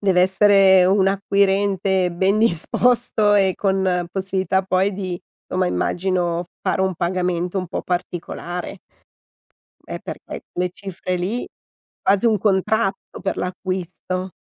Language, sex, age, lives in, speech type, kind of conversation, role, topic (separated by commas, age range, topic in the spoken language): Italian, female, 50-54, Italy, conversation, podcast, host, Puoi raccontarmi di un mercato locale che ti ha affascinato?